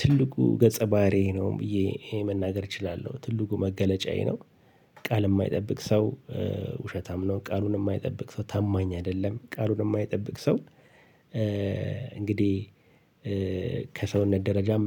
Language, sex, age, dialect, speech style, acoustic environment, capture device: Amharic, male, 45-54, Ethiopia - Addis Ababa, relaxed, nature, mobile / Linux / Chrome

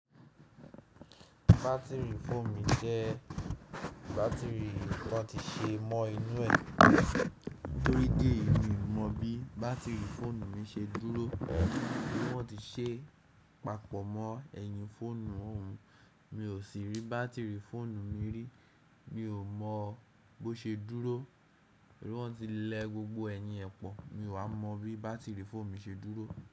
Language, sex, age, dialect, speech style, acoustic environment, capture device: Yoruba, male, 18-24, Nigeria - Nigerian Standard, happy; relaxed; excited, silence, desktop / Windows / Chrome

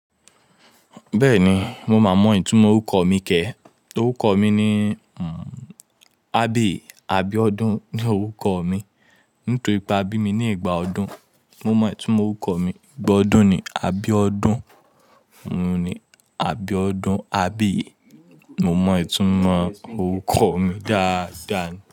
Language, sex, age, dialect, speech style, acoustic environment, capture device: Yoruba, male, 25-34, Nigeria - Lagos Yoruba, focused, people talking, mobile / Linux / Chrome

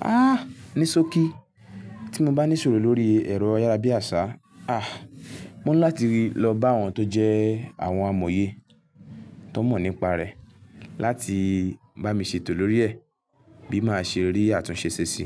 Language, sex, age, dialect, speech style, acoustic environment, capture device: Yoruba, male, 18-24, Nigeria - Lagos Yoruba, happy, silence, mobile / Linux / Chrome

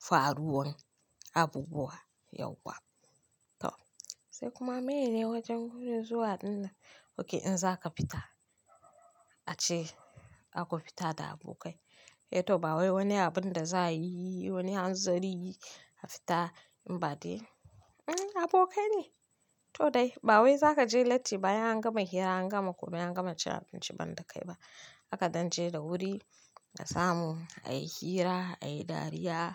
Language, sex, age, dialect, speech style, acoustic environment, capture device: Hausa, female, 25-34, Nigeria - Kano, happy; focused, nature, mobile / Linux / Chrome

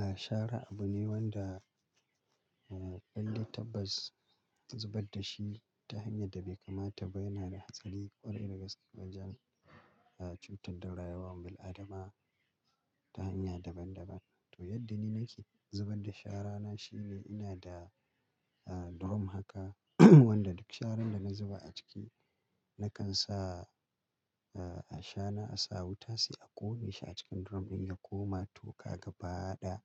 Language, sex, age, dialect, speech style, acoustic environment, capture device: Hausa, male, 35-44, Nigeria - Kano, relaxed, silence, mobile / Linux / Chrome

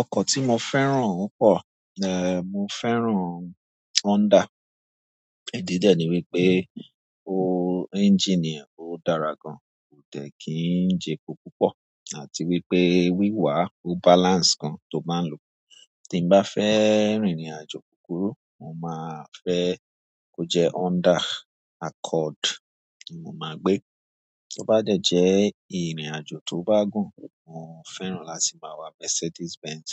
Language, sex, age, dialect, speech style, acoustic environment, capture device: Yoruba, male, 25-34, Nigeria - Lagos Yoruba, relaxed, nature, mobile / Android / Chrome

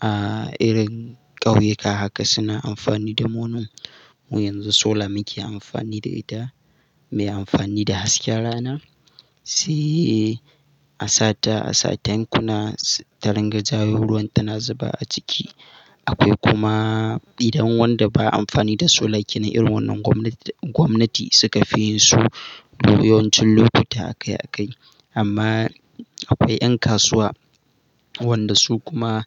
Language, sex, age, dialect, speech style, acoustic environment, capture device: Hausa, male, 18-24, Nigeria - Katsina, happy, silence, mobile / Linux / Chrome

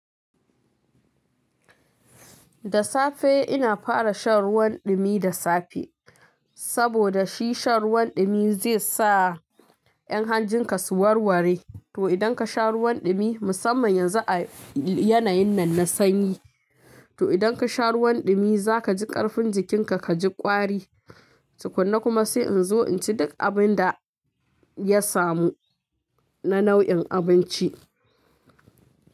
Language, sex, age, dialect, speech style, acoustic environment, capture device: Hausa, female, 25-34, Nigeria - Kano, happy, music, mobile / Linux / Chrome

